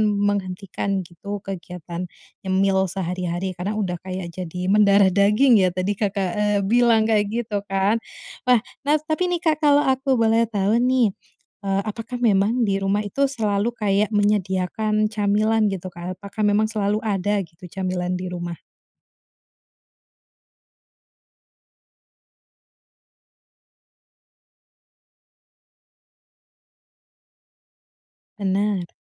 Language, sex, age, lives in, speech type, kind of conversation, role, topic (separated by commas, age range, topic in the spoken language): Indonesian, female, 30-34, Indonesia, conversation, advice, advisor, Bagaimana cara mengendalikan keinginan untuk ngemil terus sepanjang hari?